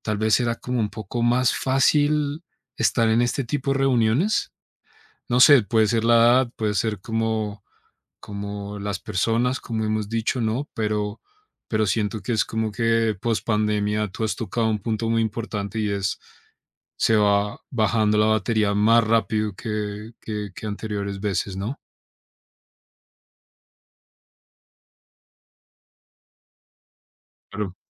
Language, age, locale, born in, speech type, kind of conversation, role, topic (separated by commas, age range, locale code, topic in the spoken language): Spanish, 30-34, es-CO, Colombia, conversation, advice, user, ¿Cómo puedo actuar de forma extrovertida en reuniones aunque me sienta introvertido?